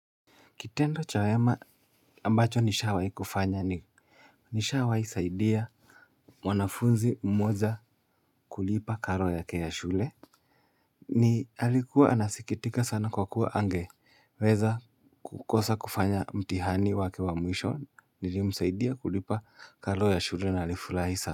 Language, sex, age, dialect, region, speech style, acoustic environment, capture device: Swahili, male, 25-34, Kenya - Nairobi Sheng-influenced, Kenya, happy; nervous, silence, mobile / Linux / Chrome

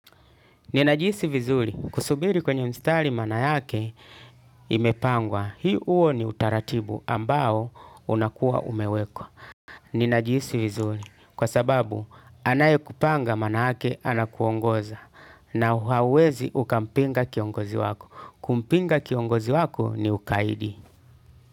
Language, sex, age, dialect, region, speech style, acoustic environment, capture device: Swahili, male, 35-44, Tanzania - Dar es Salaam, Tanzania, tired, music, desktop / Linux / Chrome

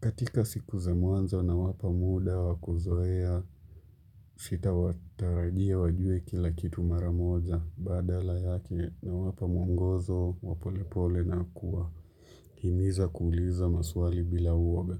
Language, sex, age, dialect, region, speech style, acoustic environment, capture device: Swahili, male, 25-34, Kenya - Mombasa, Kenya, relaxed, silence, mobile / Linux / Chrome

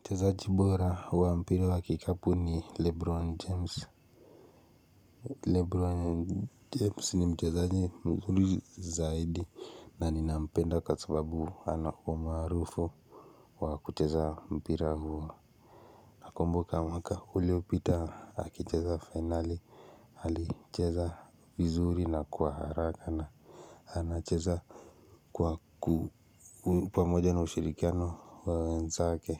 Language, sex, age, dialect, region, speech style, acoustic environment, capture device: Swahili, male, 18-24, Kenya - Nairobi Sheng-influenced, Kenya, relaxed; stressed, silence, mobile / Linux / Chrome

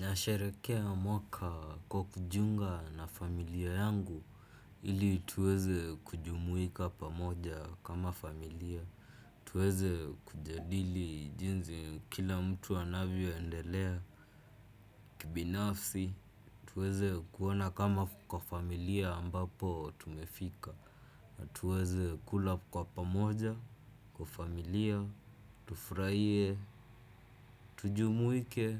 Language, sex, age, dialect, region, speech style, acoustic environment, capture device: Swahili, male, 18-24, Kenya - Nairobi Sheng-influenced, Kenya, focused, silence, desktop / Windows / Chrome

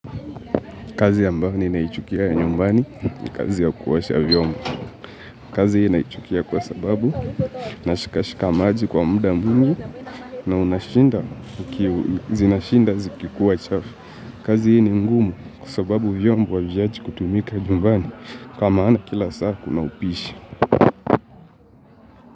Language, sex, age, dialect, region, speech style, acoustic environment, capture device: Swahili, male, 18-24, Kenya - Mombasa, Kenya, focused, silence, mobile / Linux / Chrome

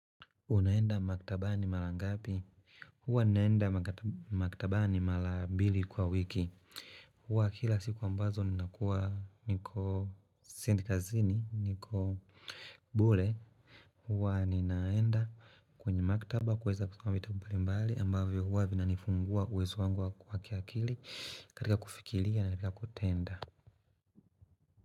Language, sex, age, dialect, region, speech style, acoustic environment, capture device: Swahili, male, 25-34, Tanzania - Dar es Salaam, Tanzania, happy; relaxed, nature; silence, mobile / Linux / Chrome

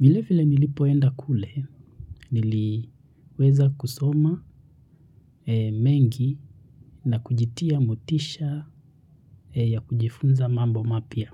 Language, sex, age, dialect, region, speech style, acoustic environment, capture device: Swahili, male, 25-34, Kenya - Nairobi Sheng-influenced, Kenya, relaxed, silence, mobile / Linux / Chrome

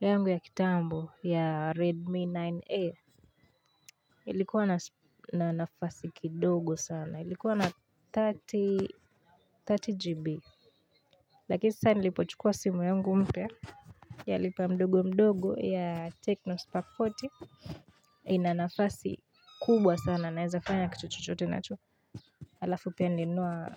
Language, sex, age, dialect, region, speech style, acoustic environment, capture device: Swahili, female, 18-24, Kenya - Nairobi Sheng-influenced, Kenya, anxious; excited; focused, people talking; appliances; silence; nature, mobile / Linux / Chrome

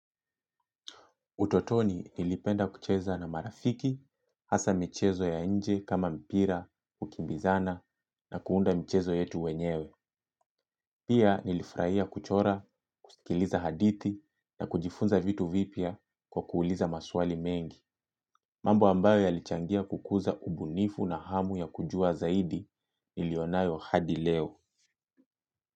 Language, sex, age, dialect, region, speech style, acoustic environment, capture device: Swahili, male, 25-34, Kenya - Mombasa, Kenya, relaxed; happy; focused, silence, mobile / Linux / Chrome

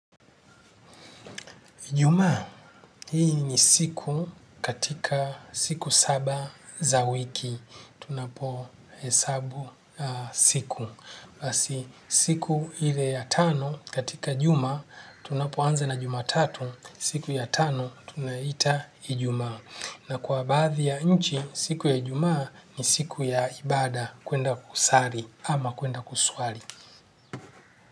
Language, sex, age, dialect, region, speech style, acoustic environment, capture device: Swahili, male, 45-54, Kenya - Nairobi Sheng-influenced, Kenya, happy; relaxed, nature; silence, desktop / Linux / Chrome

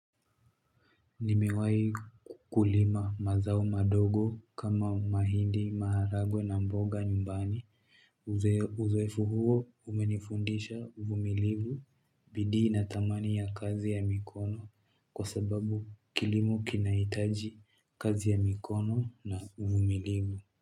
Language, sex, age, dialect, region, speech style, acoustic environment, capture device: Swahili, male, 18-24, Kenya - Nairobi Sheng-influenced, Kenya, happy, music, mobile / Linux / Chrome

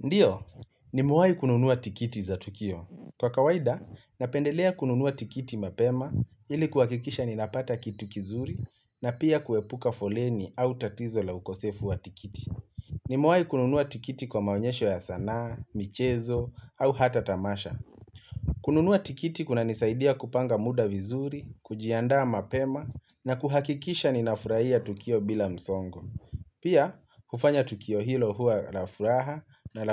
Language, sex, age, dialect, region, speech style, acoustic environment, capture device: Swahili, male, 25-34, Kenya - Nairobi Sheng-influenced, Kenya, relaxed; focused, nature; appliances, mobile / Linux / Chrome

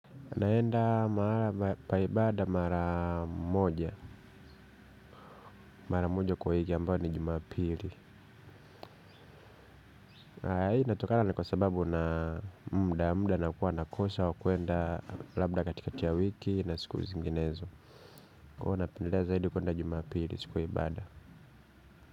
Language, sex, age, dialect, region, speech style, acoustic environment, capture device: Swahili, male, 25-34, Kenya - Nairobi Sheng-influenced, Kenya, happy, nature, mobile / Linux / Chrome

